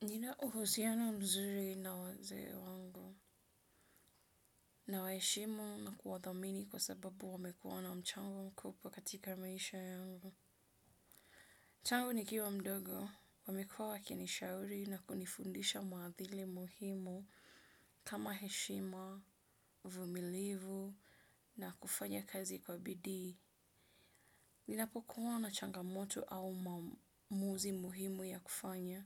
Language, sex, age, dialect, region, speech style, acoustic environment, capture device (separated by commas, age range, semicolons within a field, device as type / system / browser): Swahili, female, 25-34, Kenya - Mombasa, Kenya, relaxed, nature, desktop / Windows / Edge